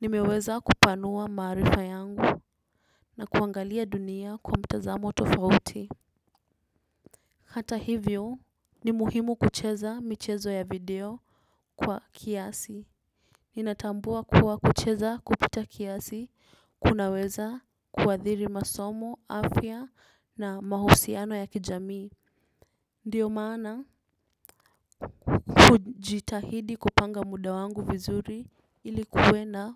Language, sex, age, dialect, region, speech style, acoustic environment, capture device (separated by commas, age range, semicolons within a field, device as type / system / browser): Swahili, female, 18-24, Kenya - Nairobi Sheng-influenced, Kenya, tired, nature; music, desktop / Windows / Chrome